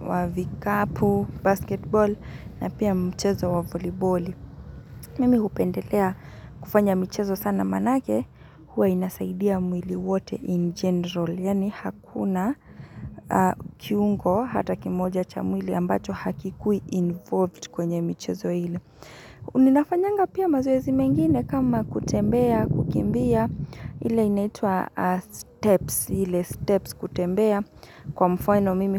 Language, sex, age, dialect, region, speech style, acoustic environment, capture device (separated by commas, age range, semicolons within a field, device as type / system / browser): Swahili, female, 25-34, Kenya - Nairobi Sheng-influenced, Kenya, relaxed, nature, mobile / Linux / Chrome